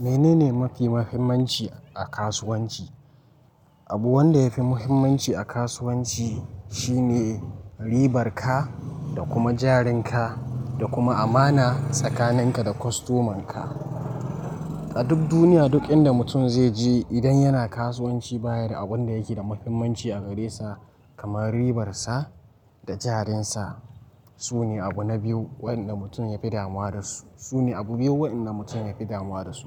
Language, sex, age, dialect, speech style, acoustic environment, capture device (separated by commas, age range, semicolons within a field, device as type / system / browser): Hausa, male, 18-24, Nigeria - Nigerian Standard, relaxed; focused, silence; nature; traffic, mobile / Linux / Chrome